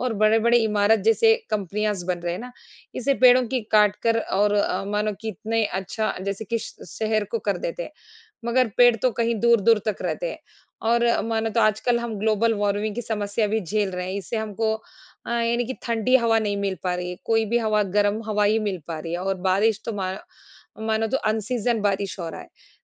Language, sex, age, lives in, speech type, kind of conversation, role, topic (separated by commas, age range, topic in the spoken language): Hindi, female, 40-44, India, conversation, unstructured, none, पेड़ लगाने से हमारे जीवन में क्या बदलाव आते हैं?